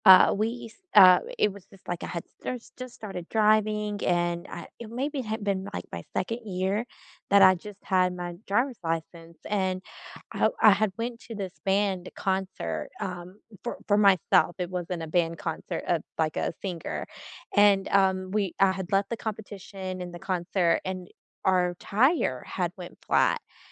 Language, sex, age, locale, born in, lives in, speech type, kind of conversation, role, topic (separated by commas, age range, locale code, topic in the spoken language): English, female, 35-39, en-US, United States, United States, conversation, unstructured, none, What’s a kindness from a stranger you’ll never forget, and how did it shape you?